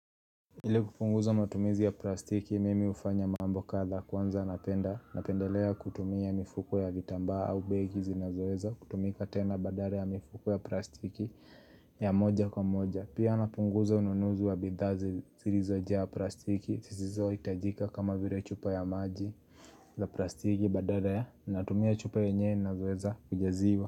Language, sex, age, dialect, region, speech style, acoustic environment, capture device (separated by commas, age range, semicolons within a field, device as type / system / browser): Swahili, male, 18-24, Kenya - Nairobi Sheng-influenced, Kenya, happy; nervous, people talking; nature; music, mobile / Linux / Chrome